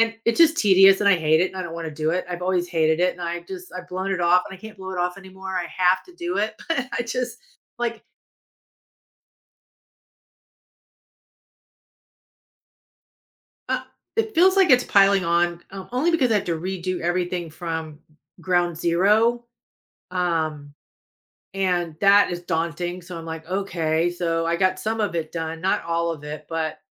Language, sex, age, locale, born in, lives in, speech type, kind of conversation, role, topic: English, female, 55-59, en-US, United States, United States, conversation, advice, user, How can I stop procrastinating and improve my focus?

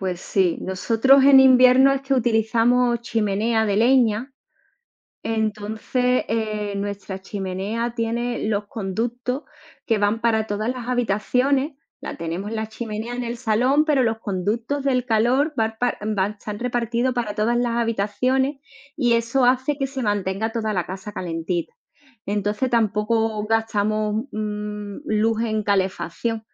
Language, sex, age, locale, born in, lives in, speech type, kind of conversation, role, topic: Spanish, female, 40-44, es-ES, Spain, Spain, conversation, unstructured, none, ¿Qué harías para ahorrar energía en tu hogar?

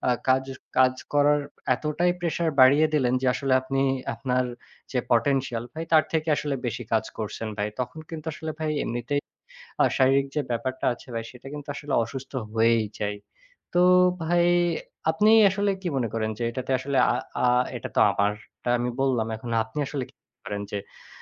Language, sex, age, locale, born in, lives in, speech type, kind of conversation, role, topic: Bengali, male, 25-29, bn-BD, Bangladesh, Bangladesh, conversation, unstructured, none, আর্থিক নিরাপত্তা না থাকলে আপনি কী অনুভব করেন?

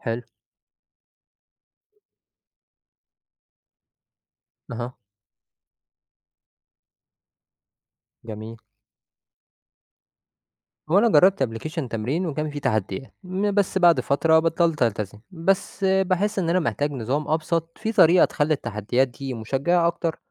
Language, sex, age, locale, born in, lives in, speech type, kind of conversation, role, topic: Arabic, male, 20-24, ar-EG, Egypt, Egypt, read, expert-assistant, asker, إزاي أقدر أخلي الرياضة عادة يومية في حياتي من غير ما أحس بملل أو تعب؟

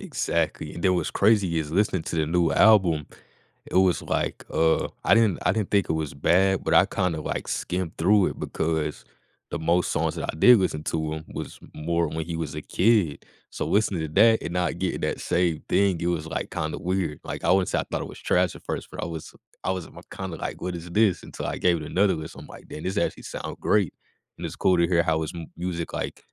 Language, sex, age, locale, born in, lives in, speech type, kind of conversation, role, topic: English, male, 20-24, en-US, United States, United States, conversation, podcast, guest, What influences the way you find and enjoy new music today?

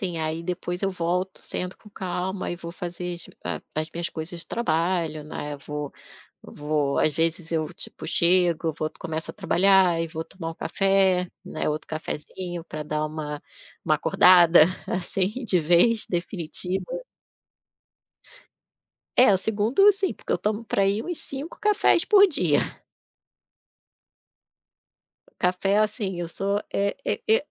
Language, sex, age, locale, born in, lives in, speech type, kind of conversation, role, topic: Portuguese, female, 40-44, pt-BR, Brazil, Portugal, conversation, podcast, guest, Como é sua rotina matinal para começar bem o dia?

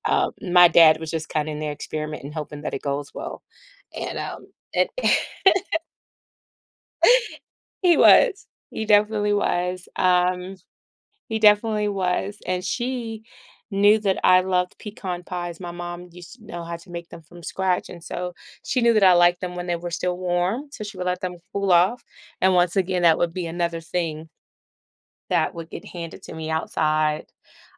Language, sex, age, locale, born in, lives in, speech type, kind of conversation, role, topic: English, female, 40-44, en-US, United States, United States, conversation, podcast, guest, How can a song become deeply connected to a personal memory?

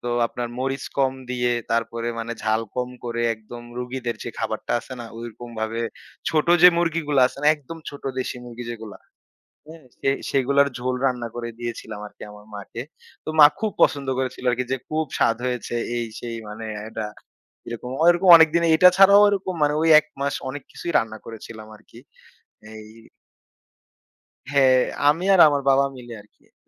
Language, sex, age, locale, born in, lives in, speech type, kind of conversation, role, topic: Bengali, male, 25-29, bn-BD, Bangladesh, Bangladesh, conversation, podcast, guest, তোমার সবচেয়ে প্রিয় বাড়ির রান্না কোনটা?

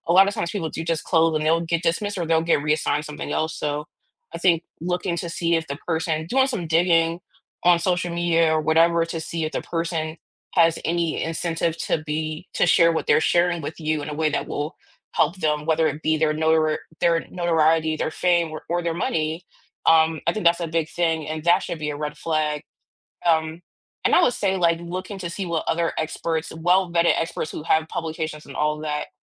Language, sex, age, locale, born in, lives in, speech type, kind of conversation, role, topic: English, female, 35-39, en-US, United States, United States, conversation, unstructured, none, Do you think science is sometimes used to justify unfair actions?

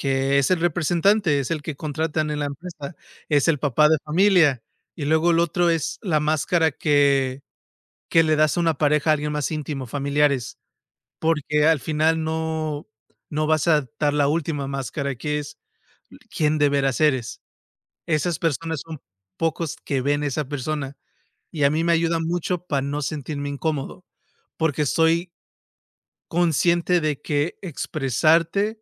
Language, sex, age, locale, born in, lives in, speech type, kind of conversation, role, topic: Spanish, male, 35-39, es-MX, United States, United States, conversation, unstructured, none, ¿Cómo te sientes cuando no puedes ser tú mismo en algún lugar?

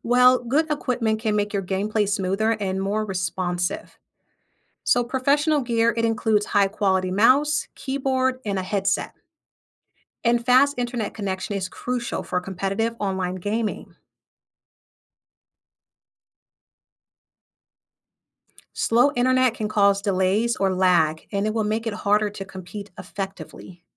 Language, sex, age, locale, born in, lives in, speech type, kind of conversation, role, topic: English, female, 30-34, en-US, United States, United States, read, expert-assistant, expert, How to become a professional gamer?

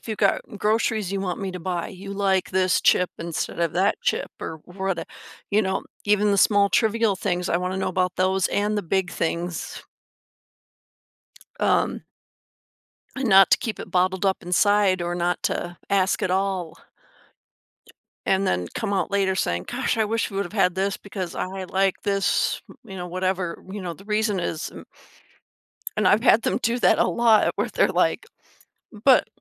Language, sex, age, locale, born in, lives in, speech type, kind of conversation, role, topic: English, female, 50-54, en-US, United States, United States, conversation, advice, user, How can I recognize and build on my personal growth over the years?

